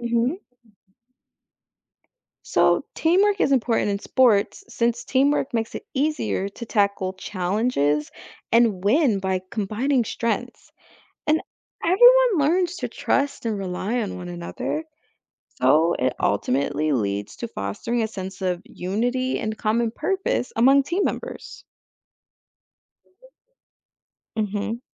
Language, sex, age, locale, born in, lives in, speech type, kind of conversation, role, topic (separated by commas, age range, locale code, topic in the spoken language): English, female, 25-29, en-US, United States, United States, read, expert-assistant, expert, What are the benefits of participating in team sports?